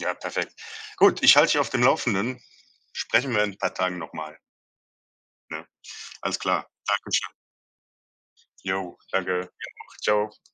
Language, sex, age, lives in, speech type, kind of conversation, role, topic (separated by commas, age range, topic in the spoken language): German, male, 35-39, Germany, conversation, advice, user, Wie finde ich innere Ruhe in einer unsicheren Lebensphase?